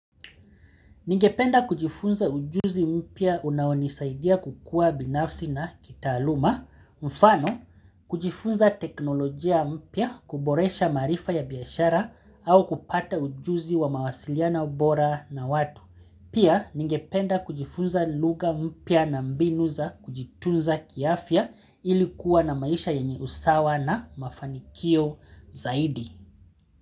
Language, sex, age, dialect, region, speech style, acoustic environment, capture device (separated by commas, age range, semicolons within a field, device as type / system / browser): Swahili, male, 35-44, Kenya - Mombasa, Kenya, relaxed, nature, mobile / Linux / Chrome